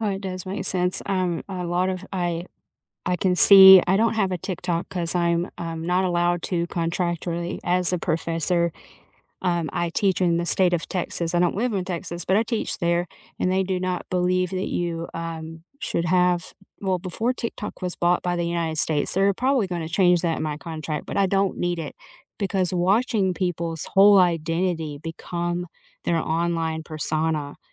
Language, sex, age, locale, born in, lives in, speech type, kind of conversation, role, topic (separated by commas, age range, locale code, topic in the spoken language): English, female, 35-39, en-US, United States, United States, conversation, unstructured, none, How does technology shape your sense of access and belonging in everyday life?